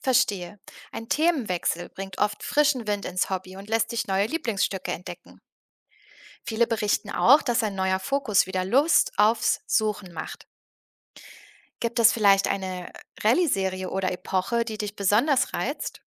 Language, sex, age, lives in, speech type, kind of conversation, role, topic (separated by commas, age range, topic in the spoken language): German, female, 30-34, Germany, read, expert-assistant, expert, Wie bringe ich Ordnung in meine Sammlung, ohne dass der Spaß dabei verloren geht?